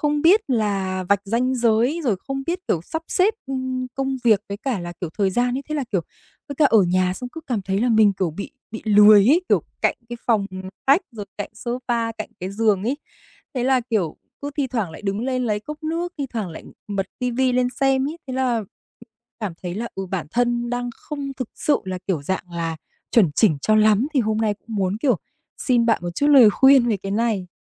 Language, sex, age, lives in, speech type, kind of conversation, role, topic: Vietnamese, female, 25-29, Vietnam, conversation, advice, user, Làm thế nào để thích nghi với việc phải làm việc từ xa sau nhiều năm làm việc tại văn phòng?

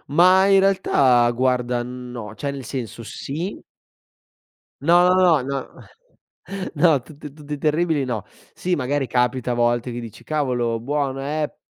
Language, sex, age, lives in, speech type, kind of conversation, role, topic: Italian, male, 25-29, Italy, conversation, podcast, guest, Qual è il tuo metodo per cucinare velocemente nelle giornate più impegnative?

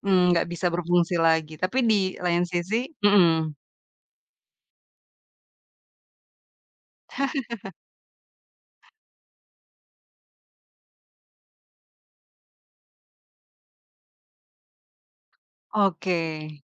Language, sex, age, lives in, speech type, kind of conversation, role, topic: Indonesian, female, 30-34, Indonesia, conversation, podcast, host, Pernahkah kamu memilih memperbaiki barang daripada membuangnya? Bisa ceritakan pengalamannya?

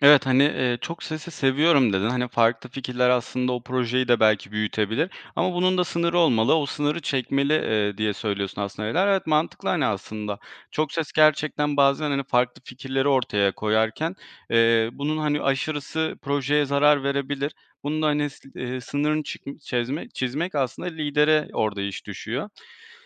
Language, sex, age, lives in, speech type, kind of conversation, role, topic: Turkish, male, 25-29, Poland, conversation, podcast, host, Karar verirken zaman baskısı yararlı mı yoksa zararlı mı?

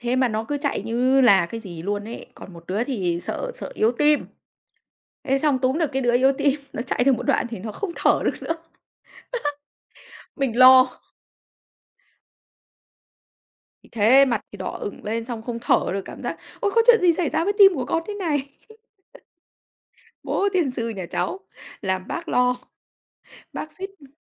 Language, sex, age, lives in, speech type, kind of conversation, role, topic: Vietnamese, female, 35-39, Vietnam, conversation, unstructured, none, Có kỷ niệm nào khiến bạn cười mãi không quên không?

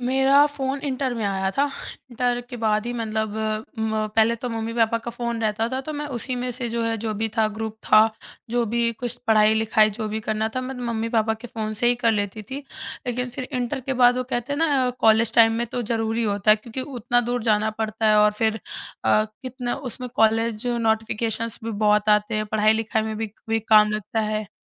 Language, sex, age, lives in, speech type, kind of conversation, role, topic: Hindi, male, 30-34, India, conversation, podcast, guest, Social media ne tumhari style ko kaise badla hai?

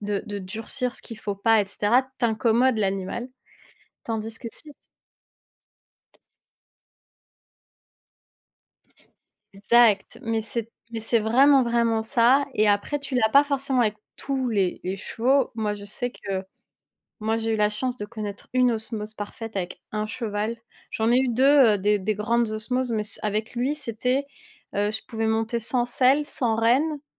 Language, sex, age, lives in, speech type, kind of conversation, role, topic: French, female, 30-34, France, conversation, unstructured, none, Quel est ton souvenir sportif préféré ?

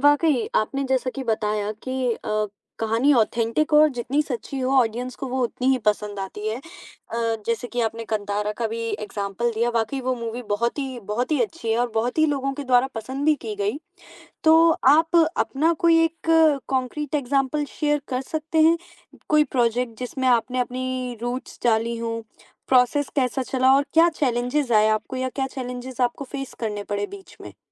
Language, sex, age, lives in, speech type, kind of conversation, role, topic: Hindi, female, 20-24, India, conversation, podcast, host, Tum apni sanskritik jadon ko apne rachnatmak kaam mein kaise shamil karte ho?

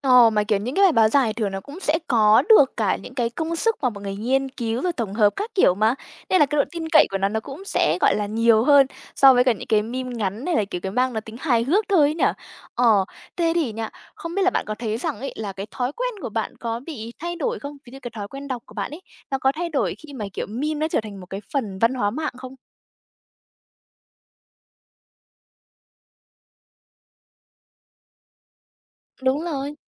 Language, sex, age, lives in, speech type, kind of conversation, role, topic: Vietnamese, female, 20-24, Vietnam, conversation, podcast, host, Tại sao mọi người thường dễ bị cuốn vào meme hơn là đọc một bài báo dài?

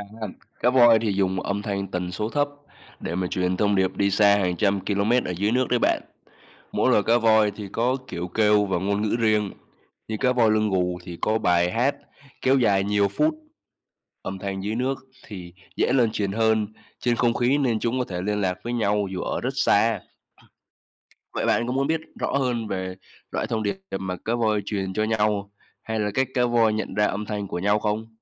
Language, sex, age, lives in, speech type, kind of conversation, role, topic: Vietnamese, male, 20-24, Vietnam, read, expert-assistant, expert, Làm thế nào mà cá voi giao tiếp ở đại dương rộng lớn?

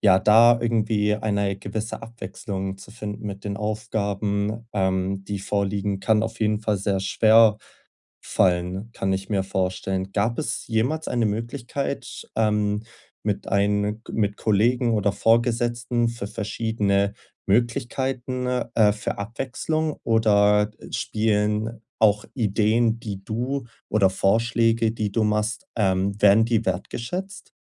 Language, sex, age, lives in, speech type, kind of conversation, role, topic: German, male, 20-24, Germany, conversation, advice, advisor, Wie finde ich mehr Motivation trotz monotoner Arbeit?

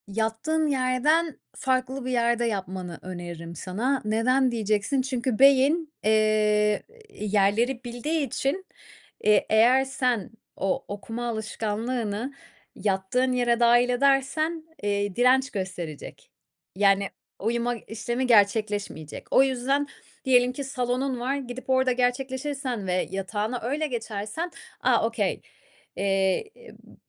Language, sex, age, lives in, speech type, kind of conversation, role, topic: Turkish, female, 30-34, Spain, conversation, advice, advisor, Yatmadan önce ekran başında çok zaman geçiriyorum; bu durum uykumu ve konsantrasyonumu nasıl etkiliyor?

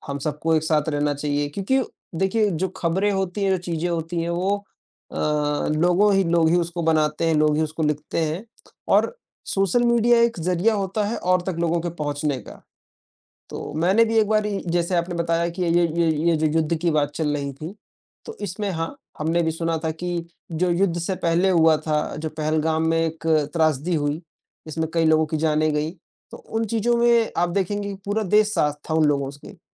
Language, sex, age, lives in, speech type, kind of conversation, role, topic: Hindi, male, 20-24, India, conversation, unstructured, none, क्या सामाजिक माध्यम समाज को जोड़ते हैं या अलग करते हैं?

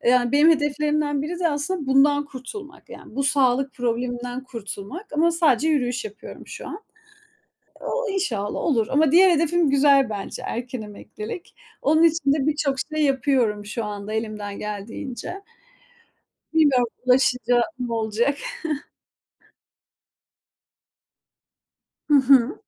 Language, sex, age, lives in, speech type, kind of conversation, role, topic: Turkish, female, 30-34, Estonia, conversation, unstructured, none, Seni mutlu eden bir hedefin var mı?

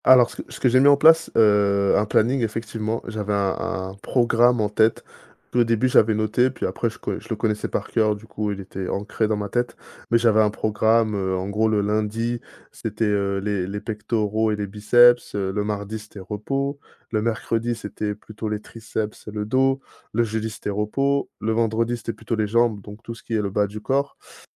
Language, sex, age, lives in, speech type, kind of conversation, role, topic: French, male, 30-34, France, conversation, podcast, guest, Comment gardes-tu la discipline pour pratiquer une activité sportive régulièrement ?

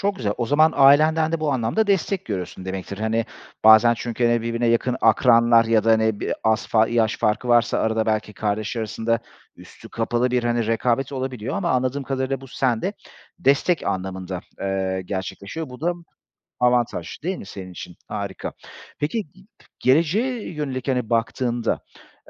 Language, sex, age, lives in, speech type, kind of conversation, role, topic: Turkish, male, 35-39, Greece, conversation, podcast, host, Mükemmeliyetçilik seni durdurduğunda ne yaparsın?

